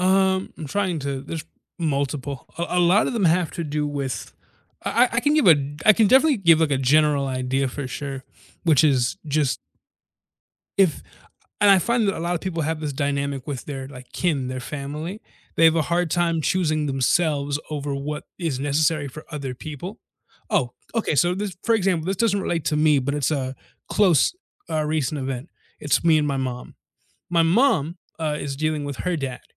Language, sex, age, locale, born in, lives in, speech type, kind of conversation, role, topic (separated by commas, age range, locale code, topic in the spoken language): English, male, 20-24, en-US, United States, United States, conversation, unstructured, none, How do you decide when to give in or stand your ground?